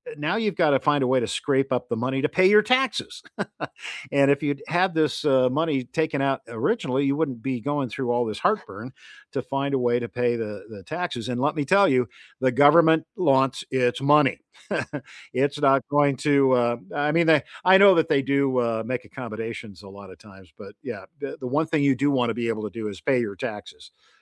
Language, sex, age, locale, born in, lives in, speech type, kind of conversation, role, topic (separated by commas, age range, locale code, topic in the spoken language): English, male, 70-74, en-US, United States, United States, conversation, unstructured, none, What is a smart way to save money regularly?